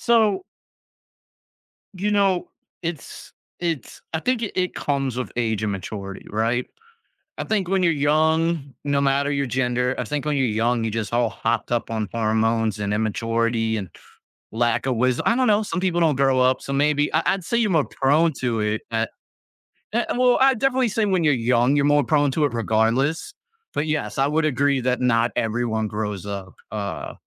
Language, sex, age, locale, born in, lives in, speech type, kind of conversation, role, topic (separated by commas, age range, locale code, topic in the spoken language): English, male, 35-39, en-US, United States, United States, conversation, podcast, guest, What are effective ways to resolve conflicts peacefully in everyday life?